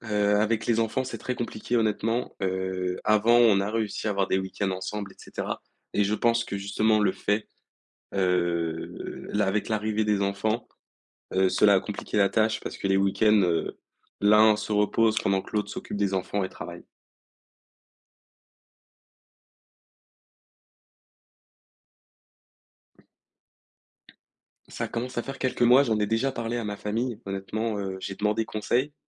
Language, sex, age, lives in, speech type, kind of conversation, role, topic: French, male, 18-19, France, conversation, advice, user, Comment annoncer une séparation à son partenaire ?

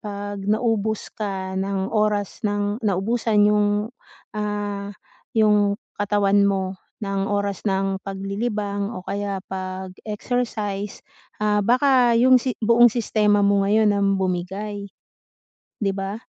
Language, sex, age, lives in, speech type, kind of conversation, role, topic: Filipino, female, 40-44, Philippines, conversation, advice, advisor, Paano ko maibabalik ang motibasyon kapag nawawalan ako ng sigla?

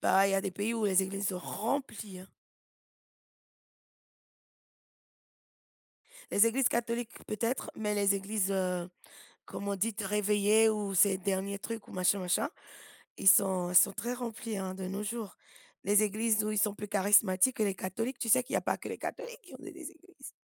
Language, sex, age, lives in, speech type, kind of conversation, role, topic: French, female, 40-44, United States, conversation, unstructured, none, Comment la religion peut-elle unir ou diviser les gens ?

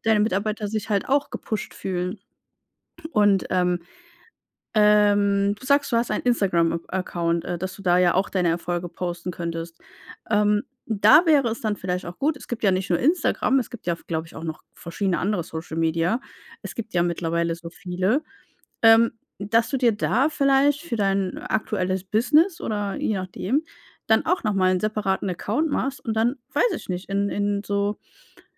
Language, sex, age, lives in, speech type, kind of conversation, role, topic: German, female, 35-39, Germany, conversation, advice, advisor, Wie kann ich meine Erfolge intern kommunizieren, ohne zu prahlen?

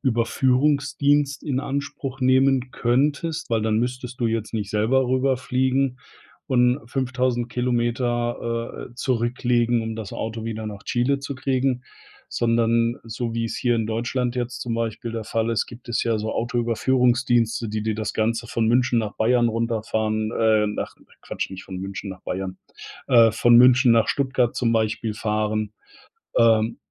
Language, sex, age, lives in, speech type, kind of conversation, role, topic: German, male, 50-54, Germany, conversation, advice, advisor, Ich fühle mich von meinem ganzen Besitz überfordert – wie fange ich am besten mit dem Ausmisten an?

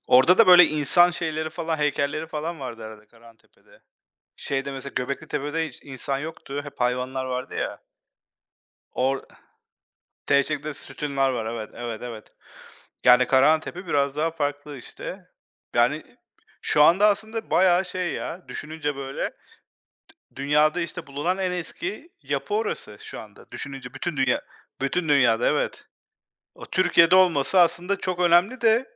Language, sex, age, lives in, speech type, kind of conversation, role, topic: Turkish, male, 40-44, United States, conversation, unstructured, none, Tarihte en çok şaşırdığınız olay nedir?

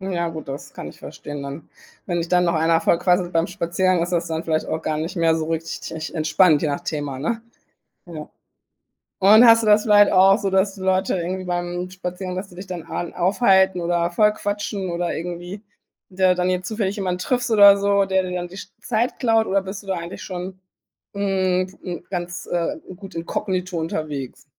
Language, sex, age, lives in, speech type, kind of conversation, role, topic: German, female, 40-44, Germany, conversation, podcast, host, Was macht dir beim Spazierengehen besonders Freude?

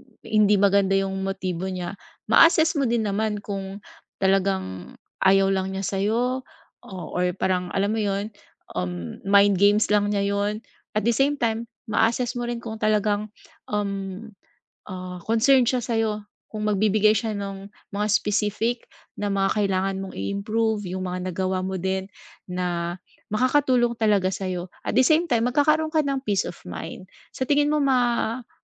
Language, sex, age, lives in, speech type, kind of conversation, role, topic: Filipino, female, 40-44, Philippines, conversation, advice, advisor, Paano ko malalaman kung opinyon lamang ito o katotohanan?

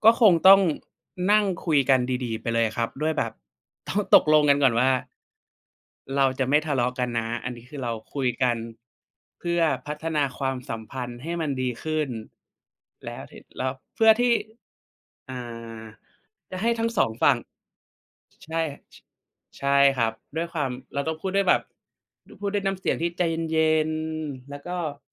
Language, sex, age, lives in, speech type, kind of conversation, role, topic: Thai, male, 25-29, Thailand, conversation, unstructured, none, คุณคิดว่าการสื่อสารอย่างเปิดใจช่วยให้ความรักดีขึ้นไหม?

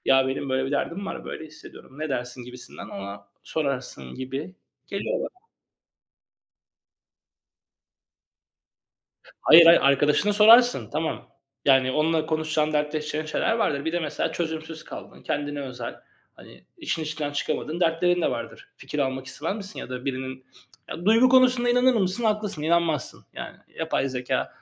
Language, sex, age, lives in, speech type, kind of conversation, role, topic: Turkish, male, 35-39, Greece, conversation, unstructured, none, Yapay zeka gelecekte iş hayatını nasıl etkileyebilir?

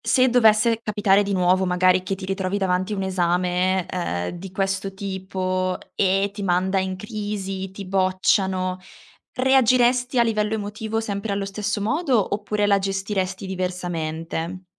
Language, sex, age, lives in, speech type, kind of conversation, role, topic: Italian, female, 20-24, Italy, conversation, podcast, host, Ti va di raccontare un fallimento da cui hai imparato molto?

